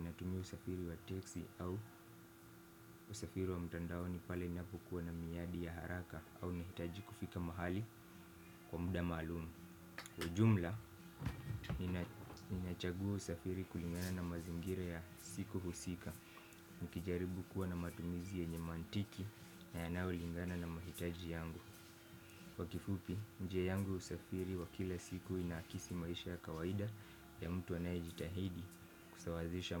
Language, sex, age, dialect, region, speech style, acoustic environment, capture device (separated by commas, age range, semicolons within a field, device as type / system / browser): Swahili, male, 18-24, Kenya - Mombasa, Kenya, excited, silence, desktop / Windows / Firefox